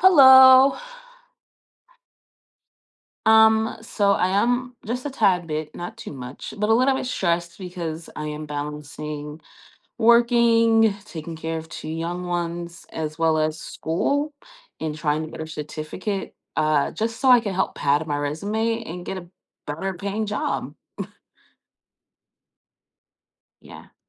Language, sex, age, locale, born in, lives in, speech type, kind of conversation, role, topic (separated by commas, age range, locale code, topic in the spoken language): English, female, 25-29, en-US, United States, United States, conversation, advice, user, How can I balance work and family without feeling overwhelmed?